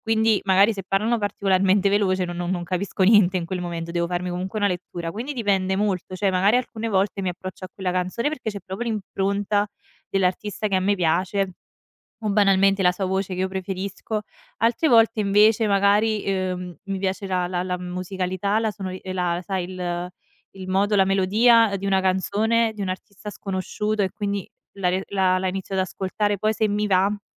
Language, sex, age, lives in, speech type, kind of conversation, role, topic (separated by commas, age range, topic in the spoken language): Italian, female, 25-29, Italy, conversation, podcast, guest, Quanto conta la lingua nelle tue preferenze musicali?